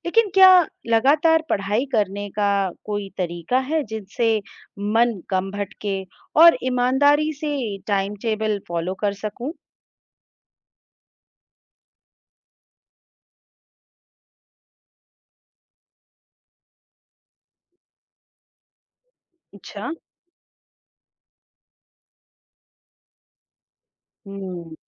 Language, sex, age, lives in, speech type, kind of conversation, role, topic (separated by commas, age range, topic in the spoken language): Hindi, female, 35-39, India, read, expert-assistant, asker, प्रेरणा की कमी होने पर क्या करें?